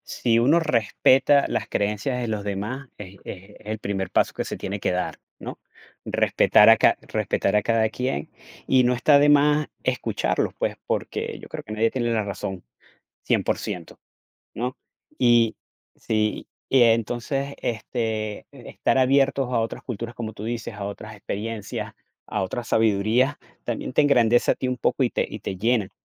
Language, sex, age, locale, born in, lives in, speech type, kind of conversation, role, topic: Spanish, male, 45-49, es-VE, Venezuela, United States, conversation, unstructured, none, ¿Crees que la religión une o divide a las personas?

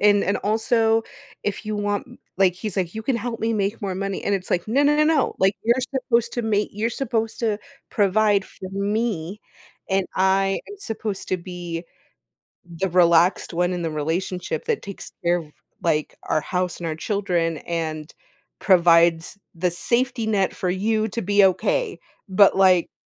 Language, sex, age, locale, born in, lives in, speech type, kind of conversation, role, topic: English, female, 30-34, en-US, United States, United States, conversation, advice, user, How can I stop repeatedly disappointing my partner and rebuild trust?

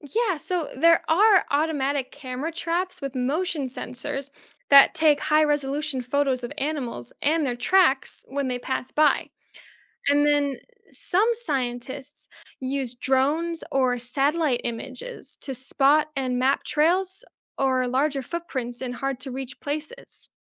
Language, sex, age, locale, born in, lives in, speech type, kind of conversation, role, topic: English, female, 20-24, en-US, United States, United States, read, expert-assistant, expert, Why do we have fingerprints?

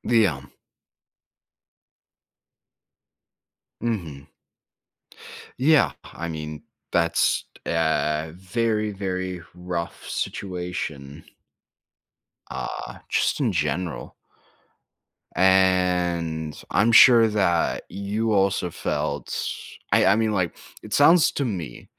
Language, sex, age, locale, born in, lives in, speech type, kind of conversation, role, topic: English, male, 20-24, en-US, United States, United States, conversation, advice, advisor, How can I cope after a recent breakup?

